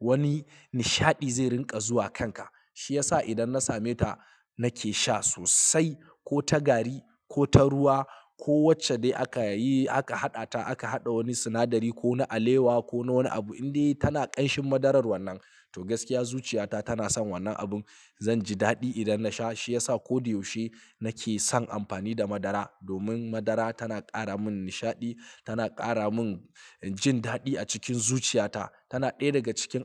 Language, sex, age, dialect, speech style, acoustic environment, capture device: Hausa, male, 25-34, Nigeria - Kano, happy; excited, silence, mobile / Linux / Chrome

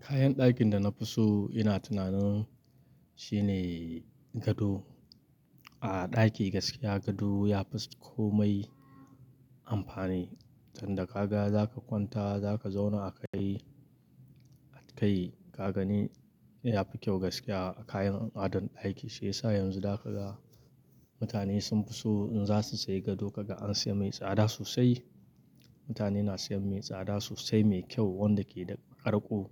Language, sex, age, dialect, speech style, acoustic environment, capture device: Hausa, male, 25-34, Nigeria - Kano, happy; excited; focused, nature, mobile / Linux / Chrome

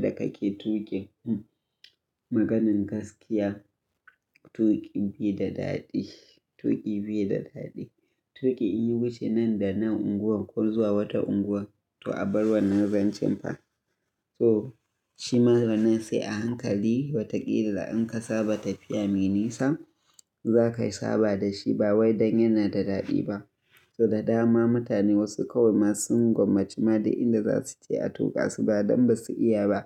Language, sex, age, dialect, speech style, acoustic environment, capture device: Hausa, male, 25-34, Nigeria - Kano, happy; excited, silence; nature, mobile / Linux / Chrome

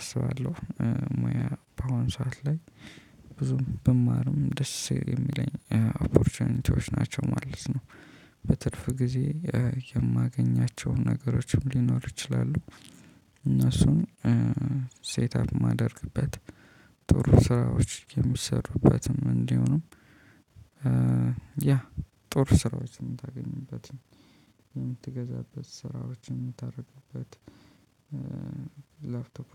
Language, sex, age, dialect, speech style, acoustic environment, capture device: Amharic, male, 25-34, Ethiopia - Addis Ababa, happy; focused, people talking, mobile / Linux / Chrome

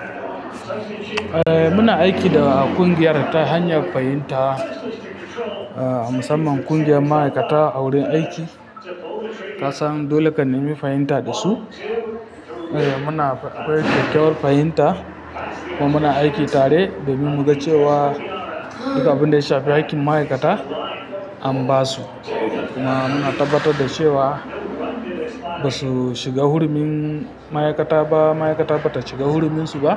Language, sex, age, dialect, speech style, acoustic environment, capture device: Hausa, female, 25-34, Nigeria - Kano, happy; focused; relaxed, people talking; traffic, mobile / Linux / Chrome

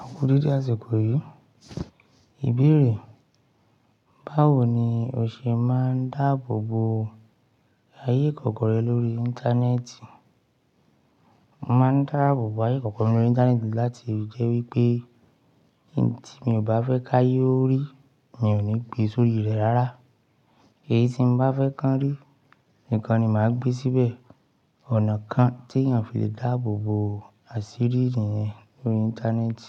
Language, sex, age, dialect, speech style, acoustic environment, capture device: Yoruba, male, 35-44, Nigeria - Ibadan, excited, silence, mobile / Linux / Chrome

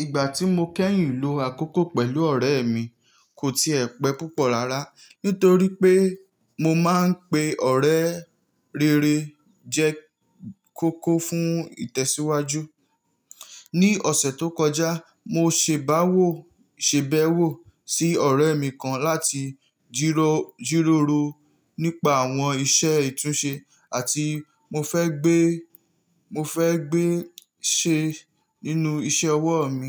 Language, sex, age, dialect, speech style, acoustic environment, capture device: Yoruba, male, 18-24, Nigeria - Nigerian Standard, focused, nature, mobile / Linux / Chrome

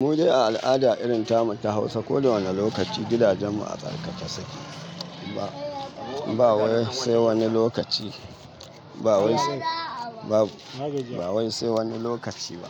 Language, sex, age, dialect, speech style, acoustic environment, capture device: Hausa, male, 25-34, Nigeria - Katsina, happy, people talking, mobile / Linux / Chrome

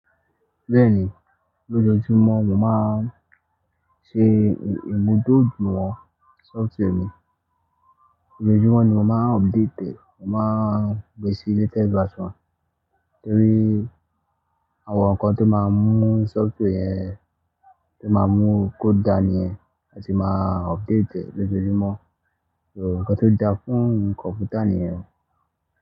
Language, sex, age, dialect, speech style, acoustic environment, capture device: Yoruba, male, 18-24, Nigeria - Ibadan, focused, nature, mobile / Linux / Chrome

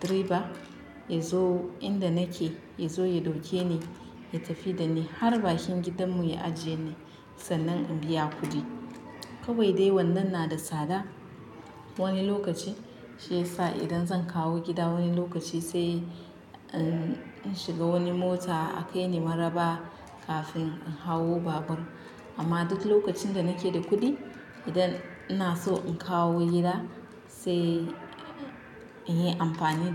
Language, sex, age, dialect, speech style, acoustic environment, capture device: Hausa, female, 25-34, Nigeria - Nigerian Standard, relaxed, nature, mobile / Linux / Chrome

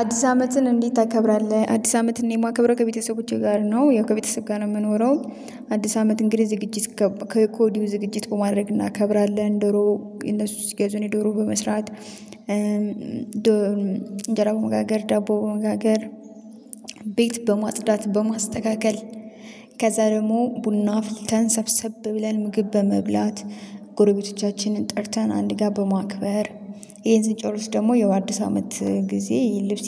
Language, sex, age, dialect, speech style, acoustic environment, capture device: Amharic, female, 25-34, Ethiopia - Addis Ababa, happy, nature, mobile / Linux / Chrome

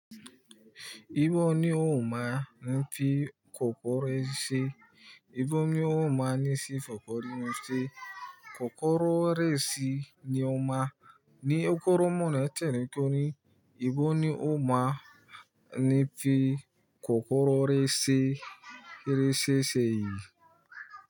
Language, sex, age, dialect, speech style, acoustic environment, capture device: Yoruba, male, 25-34, Nigeria - Nigerian Standard, happy, silence; nature, mobile / Linux / Chrome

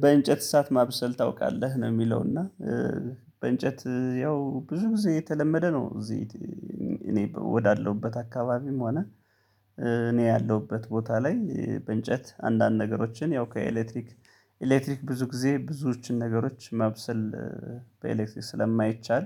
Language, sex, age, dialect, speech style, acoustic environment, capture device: Amharic, male, 35-44, Ethiopia - Gondar, happy; relaxed; focused, people talking; nature; traffic; silence, mobile / Linux / Chrome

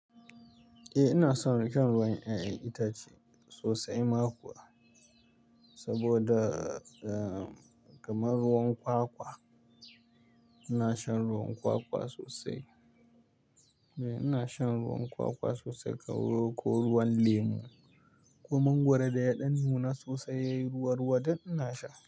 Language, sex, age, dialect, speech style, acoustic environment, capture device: Hausa, male, 25-34, Nigeria - Pidgin-influenced, relaxed; tired; stressed; nervous, nature, mobile / Linux / Chrome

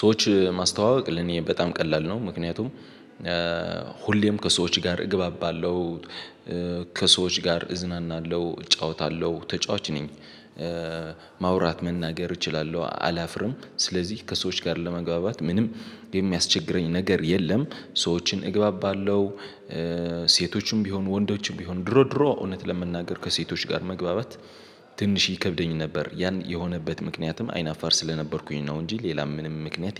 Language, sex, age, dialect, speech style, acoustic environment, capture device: Amharic, male, 18-24, Ethiopia - Addis Ababa, excited, people talking, mobile / Linux / Chrome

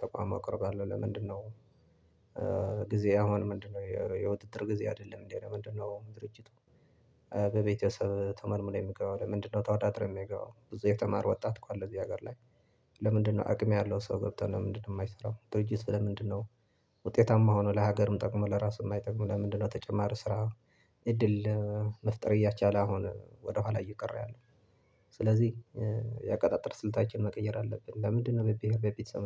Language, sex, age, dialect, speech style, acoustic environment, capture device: Amharic, male, 25-34, Ethiopia - Tigray, happy; relaxed; focused; excited, silence, desktop / Linux / Chrome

